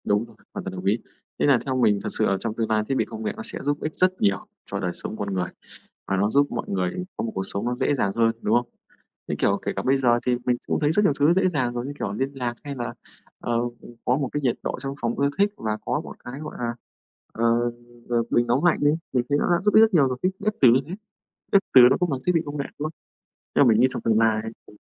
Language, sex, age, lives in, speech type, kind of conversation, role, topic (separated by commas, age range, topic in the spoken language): Vietnamese, male, 25-29, Vietnam, conversation, unstructured, none, Thiết bị công nghệ nào khiến bạn cảm thấy vui nhất?